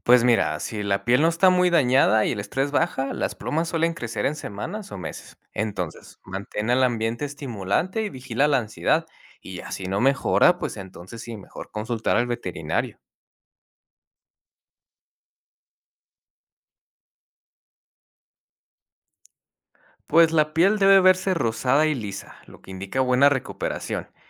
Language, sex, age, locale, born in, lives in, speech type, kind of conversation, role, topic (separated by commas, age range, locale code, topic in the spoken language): Spanish, male, 30-34, es-MX, United States, Mexico, read, expert-assistant, expert, ¿Por qué mi loro ha empezado a perder plumas? ¿Será una enfermedad o un problema ambiental?